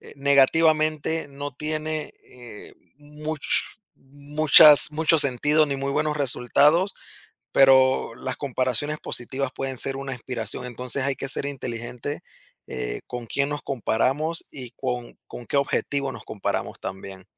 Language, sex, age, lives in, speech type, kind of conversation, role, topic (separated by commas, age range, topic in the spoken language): Spanish, male, 30-34, United States, conversation, podcast, guest, ¿Cómo te enfrentas a la comparación con los demás?